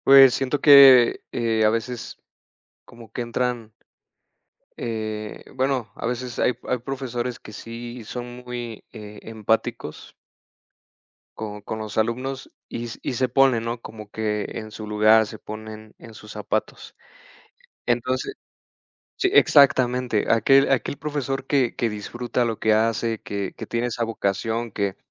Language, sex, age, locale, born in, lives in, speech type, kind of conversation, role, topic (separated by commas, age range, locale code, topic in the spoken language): Spanish, male, 30-34, es-MX, Mexico, Mexico, conversation, unstructured, none, ¿Cuánto afecta la falta de recursos a la calidad de la educación?